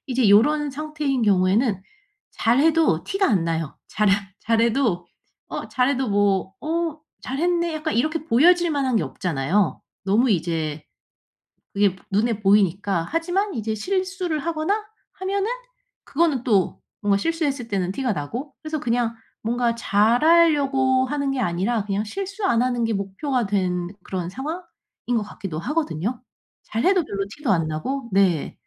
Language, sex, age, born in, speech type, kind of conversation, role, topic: Korean, female, 35-39, South Korea, conversation, advice, advisor, 왜 일할 때 업무가 단조롭고 무의미하게 느껴질까요?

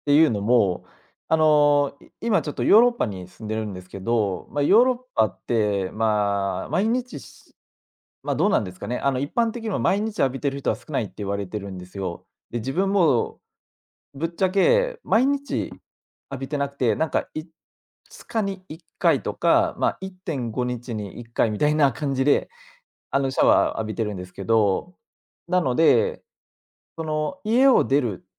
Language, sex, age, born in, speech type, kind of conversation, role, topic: Japanese, male, 25-29, Japan, conversation, podcast, guest, シャワーは朝に浴びますか、それとも夜に浴びますか？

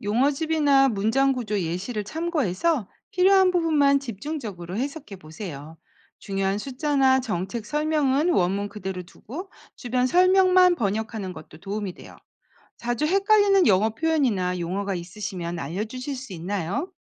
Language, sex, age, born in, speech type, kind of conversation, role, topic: Korean, female, 50-54, South Korea, read, expert-assistant, expert, 국제 경제 뉴스를 신뢰하고 효과적으로 해석하려면 어떻게 해야 하나요?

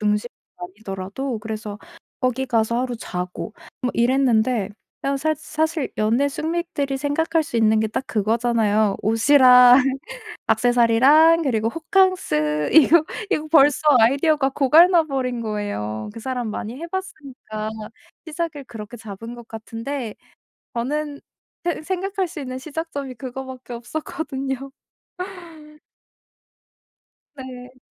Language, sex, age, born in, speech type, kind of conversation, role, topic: Korean, female, 25-29, South Korea, conversation, advice, user, 예산 안에서 좋은 선물을 어떻게 고를 수 있을까요?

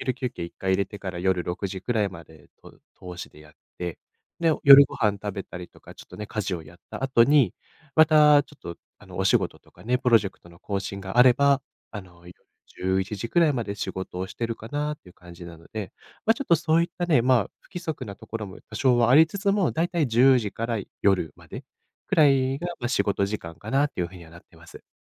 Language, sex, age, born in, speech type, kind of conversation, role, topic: Japanese, male, 25-29, Japan, conversation, advice, user, 運動を始めたいのに一歩が踏み出せないのはなぜですか？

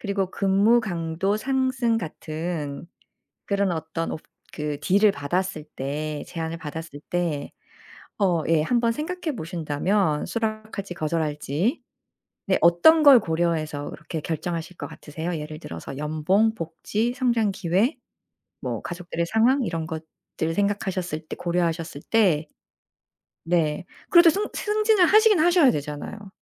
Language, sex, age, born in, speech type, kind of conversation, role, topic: Korean, female, 45-49, South Korea, conversation, podcast, host, 직장에서 승진과 일과 삶의 균형 중 무엇을 선택하시겠어요?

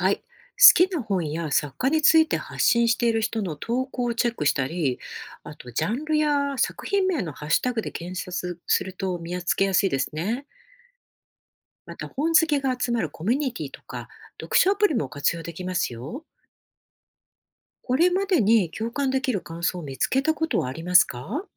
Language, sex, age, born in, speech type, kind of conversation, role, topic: Japanese, female, 50-54, Japan, read, expert-assistant, expert, 現代小説のレビューや評価は、どこまで信じていいのでしょうか？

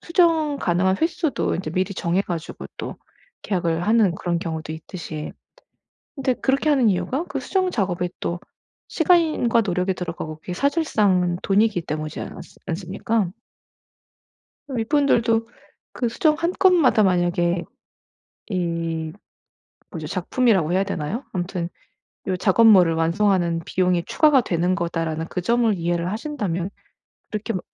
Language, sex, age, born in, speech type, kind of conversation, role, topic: Korean, female, 40-44, United States, conversation, advice, advisor, 직장에서 건강한 경계를 어떻게 세우고 지킬 수 있을까요?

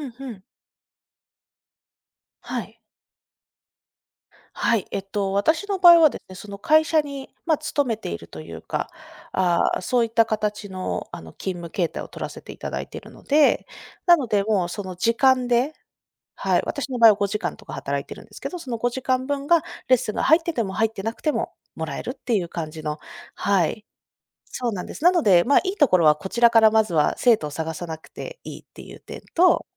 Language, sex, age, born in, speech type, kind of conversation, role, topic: Japanese, female, 30-34, Japan, conversation, podcast, guest, 今の仕事を選んだ理由は何ですか？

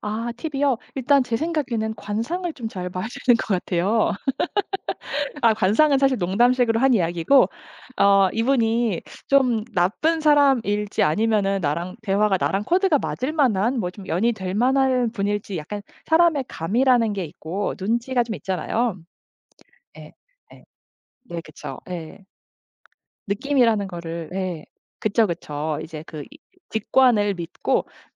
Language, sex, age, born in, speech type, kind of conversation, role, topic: Korean, female, 35-39, South Korea, conversation, podcast, guest, 여행지에서 만난 사람 중 가장 기억에 남는 사람은 누구인가요?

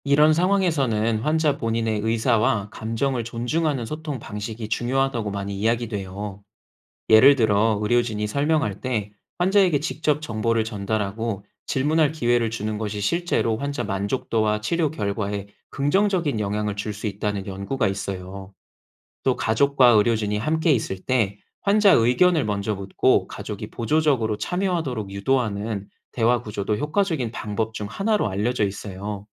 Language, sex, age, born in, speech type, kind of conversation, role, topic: Korean, male, 30-34, South Korea, read, expert-assistant, expert, 의료 인류학에서 문화가 환자-의료진 관계에 어떤 영향을 미칠까요?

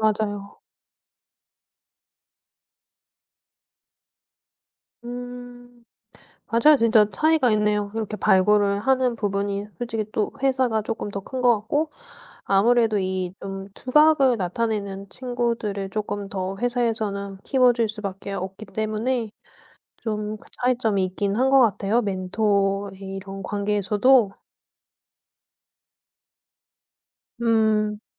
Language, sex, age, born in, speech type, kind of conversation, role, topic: Korean, female, 30-34, South Korea, conversation, podcast, host, 좋은 멘토의 특징은 뭐라고 생각하세요?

